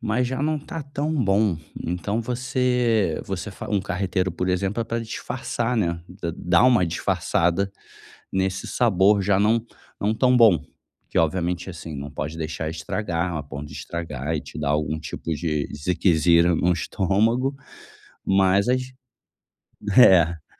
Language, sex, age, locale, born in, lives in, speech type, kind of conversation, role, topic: Portuguese, male, 35-39, pt-BR, Brazil, Germany, conversation, advice, advisor, Como posso economizar tempo na cozinha e reduzir o desperdício de comida durante a semana?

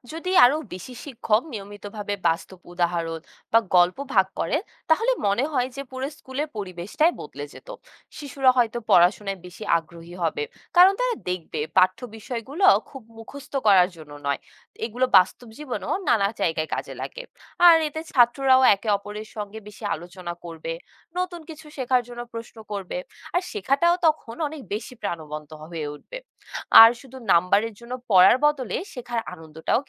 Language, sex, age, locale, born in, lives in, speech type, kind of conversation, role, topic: Bengali, female, 20-24, bn-BD, Bangladesh, Bangladesh, read, expert-assistant, asker, স্কুলের পড়াশোনায় বাস্তব উদাহরণ কীভাবে আরও বেশি যুক্ত করা যায়?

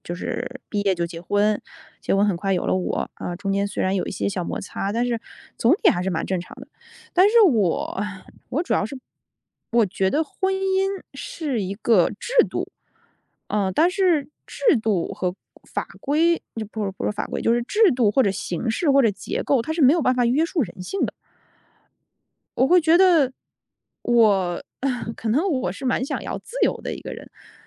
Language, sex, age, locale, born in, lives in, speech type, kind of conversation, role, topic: Chinese, female, 35-39, zh-CN, China, United States, conversation, podcast, guest, 你会如何权衡是否要结婚？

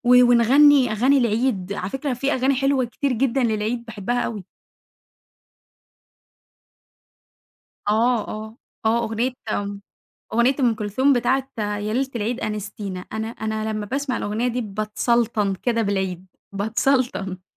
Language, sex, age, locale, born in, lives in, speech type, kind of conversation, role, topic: Arabic, female, 20-24, ar-EG, Egypt, Egypt, conversation, podcast, guest, تحب تحكيلي عن عيد أو مهرجان مهم عندكم؟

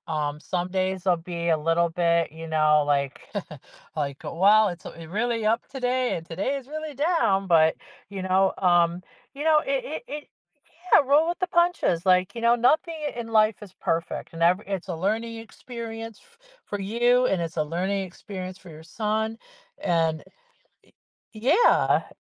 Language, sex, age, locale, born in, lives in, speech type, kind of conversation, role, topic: English, female, 55-59, en-US, United States, United States, conversation, advice, advisor, How can I manage feeling overwhelmed and doubtful as a parent?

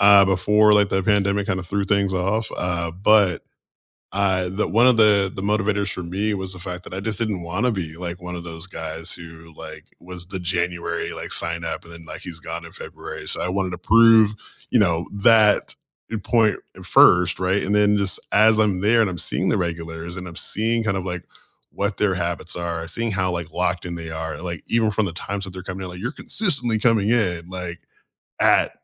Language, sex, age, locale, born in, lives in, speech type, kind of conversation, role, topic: English, male, 35-39, en-US, United States, United States, conversation, unstructured, none, What morning rituals help you feel grounded and energized, and how did they become part of your daily routine?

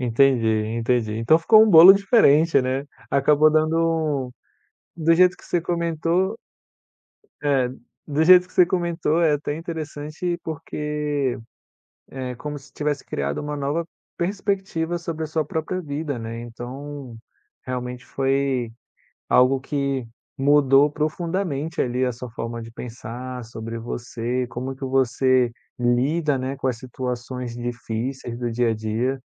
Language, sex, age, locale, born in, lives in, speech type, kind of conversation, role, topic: Portuguese, male, 35-39, pt-BR, Brazil, France, conversation, podcast, host, Você pode me contar uma experiência de aprendizado que mudou a sua vida?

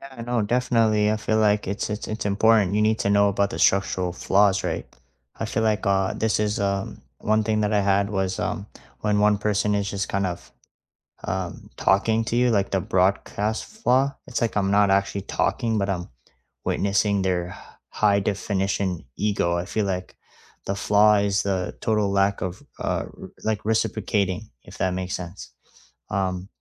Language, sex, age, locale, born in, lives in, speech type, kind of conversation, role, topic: English, male, 20-24, en-CA, Canada, Canada, conversation, unstructured, none, How do you stay respectful, feel heard, and keep your relationship strong when you disagree?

